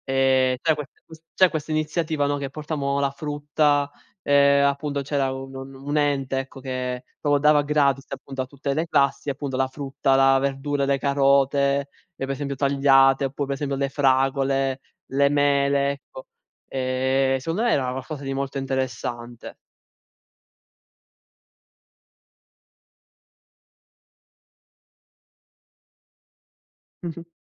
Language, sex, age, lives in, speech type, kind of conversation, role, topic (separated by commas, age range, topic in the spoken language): Italian, male, 20-24, Italy, conversation, unstructured, none, Qual è la tua opinione sul cibo spazzatura nelle scuole?